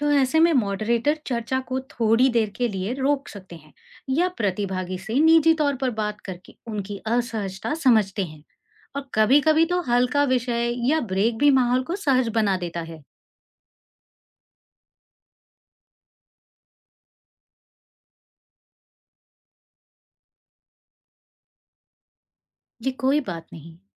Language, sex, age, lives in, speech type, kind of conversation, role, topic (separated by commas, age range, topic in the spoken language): Hindi, female, 40-44, India, read, expert-assistant, expert, मान लीजिए कि कोई पेंटिंग देखने के बाद आपके दो दोस्तों की राय बिल्कुल अलग है—आप किसकी बात मानेंगे और क्यों?